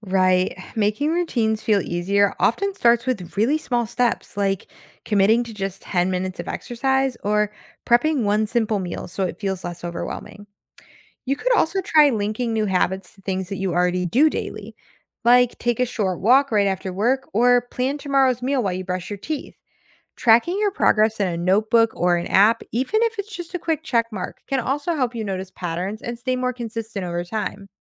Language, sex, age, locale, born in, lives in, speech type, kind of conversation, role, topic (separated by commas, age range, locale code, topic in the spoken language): English, female, 25-29, en-US, United States, United States, read, expert-assistant, expert, Why is it important to manage time wisely?